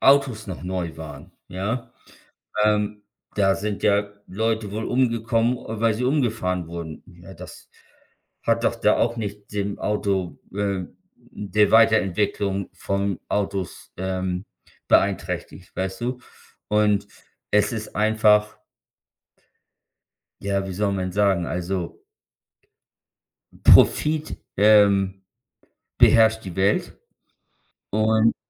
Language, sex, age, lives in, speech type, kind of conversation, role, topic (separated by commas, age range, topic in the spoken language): German, male, 55-59, United States, conversation, unstructured, none, Was hältst du von künstlicher Intelligenz im Alltag?